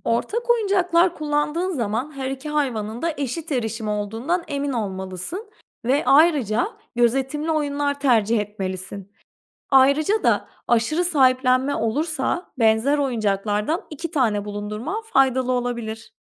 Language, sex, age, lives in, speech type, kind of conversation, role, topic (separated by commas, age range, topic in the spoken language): Turkish, female, 30-34, Portugal, read, expert-assistant, expert, Yeni bir evcil hayvan aileye katıldığında, mevcut hayvanlarla yaşanan uyum sıkıntılarını nasıl çözebilirim?